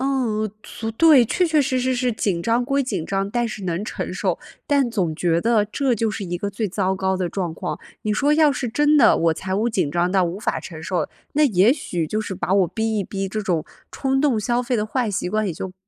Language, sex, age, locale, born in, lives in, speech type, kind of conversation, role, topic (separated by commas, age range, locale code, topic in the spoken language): Chinese, female, 30-34, zh-CN, China, United States, conversation, advice, user, 经常冲动购买导致长期财务紧张